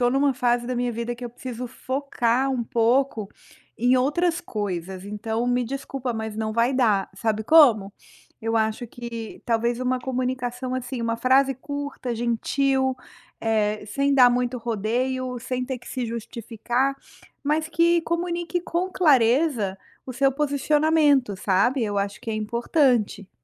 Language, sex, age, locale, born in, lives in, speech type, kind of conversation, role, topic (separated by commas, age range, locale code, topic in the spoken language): Portuguese, female, 45-49, pt-BR, Brazil, United States, conversation, advice, advisor, Como posso recusar convites sem prejudicar amizades importantes?